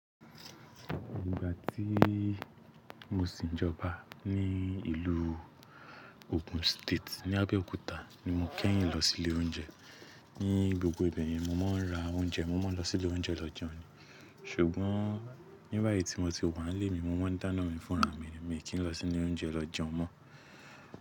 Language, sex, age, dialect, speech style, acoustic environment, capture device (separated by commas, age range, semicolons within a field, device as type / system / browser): Yoruba, male, 18-24, Nigeria - Lagos Yoruba, relaxed, nature, mobile / Linux / Chrome